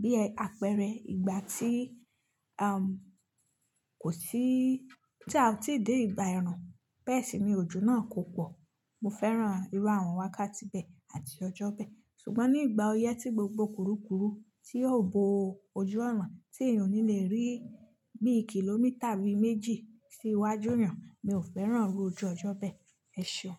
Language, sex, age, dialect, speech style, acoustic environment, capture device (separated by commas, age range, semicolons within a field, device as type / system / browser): Yoruba, female, 25-34, Nigeria - Nigerian Standard, happy; focused, silence, mobile / Linux / Chrome